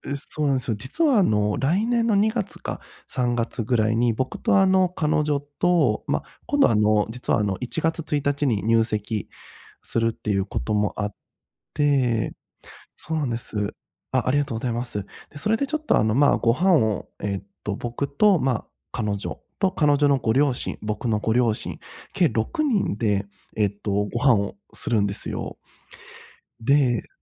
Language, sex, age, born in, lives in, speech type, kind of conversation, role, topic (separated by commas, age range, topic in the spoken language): Japanese, male, 30-34, Japan, Japan, conversation, advice, user, 人前で話すときの緊張をどうすれば減らせますか？